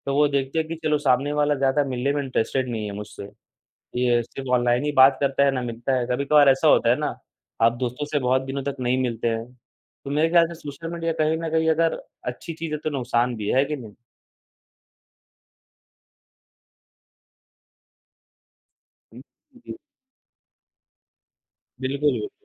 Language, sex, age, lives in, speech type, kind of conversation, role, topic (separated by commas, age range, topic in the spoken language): Hindi, male, 18-19, India, conversation, unstructured, none, क्या आपको लगता है कि सामाजिक माध्यमों ने हमारे रिश्तों को कैसे बदला है?